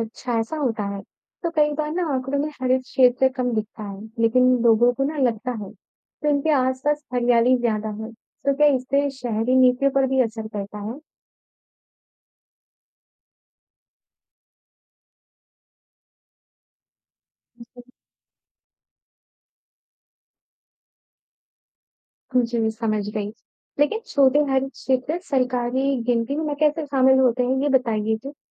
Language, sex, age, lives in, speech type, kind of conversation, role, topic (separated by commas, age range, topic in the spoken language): Hindi, male, 55-59, India, read, expert-assistant, asker, शहरों के ग्रीन स्पेस (हरित क्षेत्र) के आंकड़ों में अक्सर अंतर क्यों पाया जाता है? किस स्रोत पर ज्यादा भरोसा किया जाए?